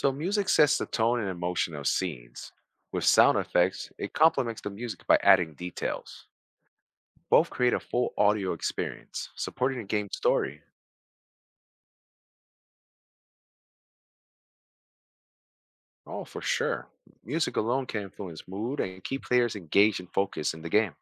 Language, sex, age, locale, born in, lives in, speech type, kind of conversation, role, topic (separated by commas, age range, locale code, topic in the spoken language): English, male, 40-44, en-US, United States, United States, read, expert-assistant, expert, Explain how sound effects enhance video game immersion.